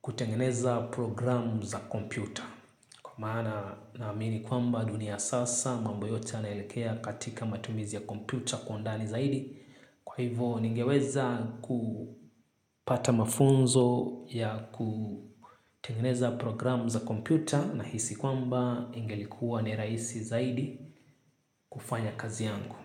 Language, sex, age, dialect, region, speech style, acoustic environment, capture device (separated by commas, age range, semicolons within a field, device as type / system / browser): Swahili, male, 25-34, Kenya - Nairobi Sheng-influenced, Kenya, happy; stressed; focused; relaxed, silence, mobile / Linux / Chrome